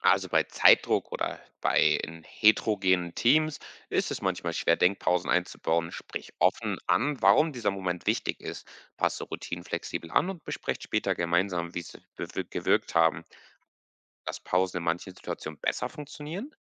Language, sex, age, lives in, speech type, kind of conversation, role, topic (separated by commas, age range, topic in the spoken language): German, male, 20-24, Portugal, read, expert-assistant, expert, Wie könnten wir Teamarbeit fördern, damit jeder seine Führungsqualitäten weiterentwickeln kann?